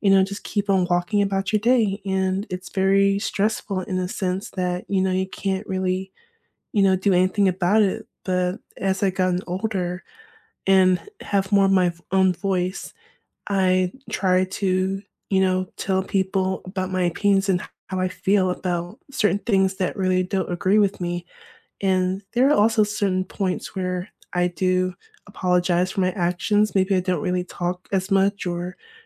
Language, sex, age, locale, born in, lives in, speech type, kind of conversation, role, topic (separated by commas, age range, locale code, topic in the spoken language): English, female, 25-29, en-US, United States, United States, conversation, unstructured, none, How can you repair a relationship after a conflict with apologies that truly heal the connection?